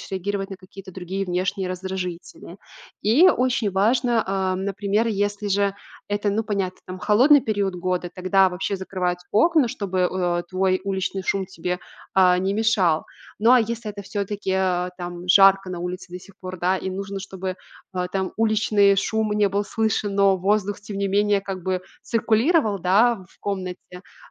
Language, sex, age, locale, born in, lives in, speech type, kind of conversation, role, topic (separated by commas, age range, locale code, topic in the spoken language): Russian, female, 25-29, ru-RU, Belarus, Italy, conversation, advice, advisor, Что именно мешает вам заснуть из-за шума и света в квартире?